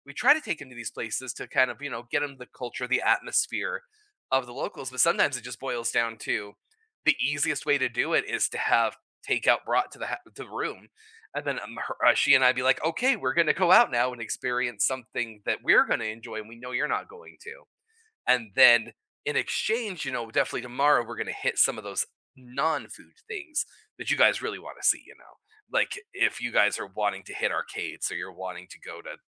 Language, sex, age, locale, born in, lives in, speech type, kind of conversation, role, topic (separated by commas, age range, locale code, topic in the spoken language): English, male, 40-44, en-US, United States, United States, conversation, unstructured, none, When traveling with people who prefer different paces, how do you keep everyone happy?